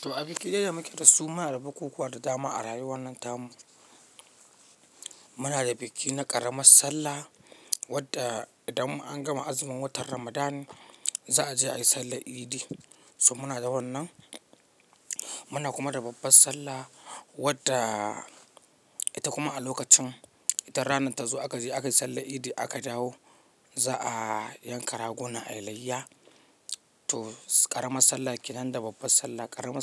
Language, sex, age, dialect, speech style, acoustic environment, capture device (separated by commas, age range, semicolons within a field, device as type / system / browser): Hausa, male, 25-34, Nigeria - Katsina, focused, silence, mobile / Linux / Chrome